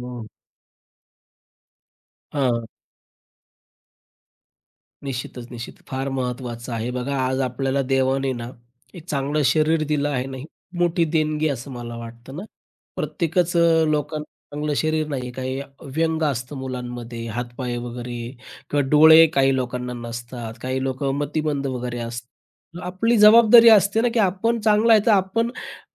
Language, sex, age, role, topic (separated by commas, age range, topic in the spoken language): Marathi, male, 35-39, guest, लहान मुलांना समाजाची मूल्ये कशी शिकवावी?